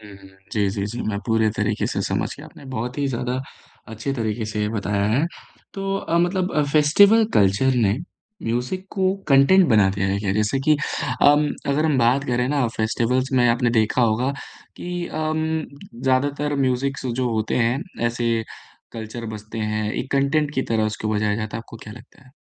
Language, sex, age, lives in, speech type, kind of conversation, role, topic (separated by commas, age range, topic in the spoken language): Hindi, male, 25-29, India, conversation, podcast, host, Tum festival ka mahaul zyada enjoy karte ho ya chhoti, intimate gig, aur kyun?